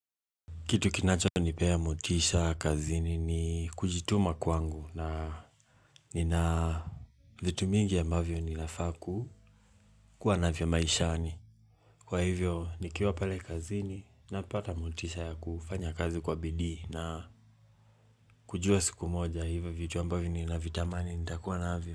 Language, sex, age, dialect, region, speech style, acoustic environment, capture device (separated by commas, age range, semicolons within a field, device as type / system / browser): Swahili, male, 18-24, Kenya - Mombasa, Kenya, relaxed, appliances, mobile / Linux / Chrome